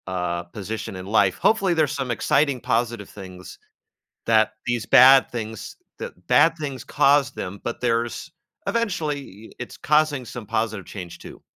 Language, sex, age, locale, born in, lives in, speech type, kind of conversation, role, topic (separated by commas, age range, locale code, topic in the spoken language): English, male, 40-44, en-US, United States, United States, conversation, podcast, host, How do you approach goals that challenge and inspire you at the same time?